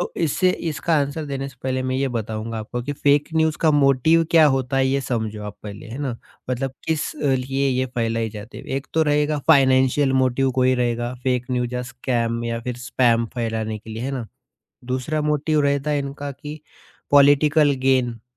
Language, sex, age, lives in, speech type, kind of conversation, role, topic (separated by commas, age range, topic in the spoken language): Hindi, male, 30-34, India, conversation, podcast, guest, Fake news aur spam se bachne ke liye tum kaunsi aadatein apnate ho?